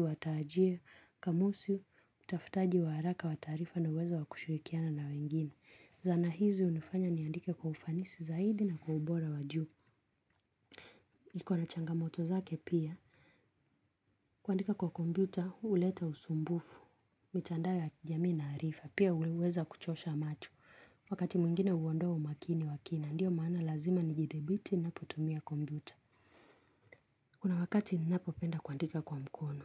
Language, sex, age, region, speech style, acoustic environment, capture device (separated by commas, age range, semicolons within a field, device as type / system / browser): Swahili, female, 25-34, Kenya, relaxed, silence, mobile / Linux / Chrome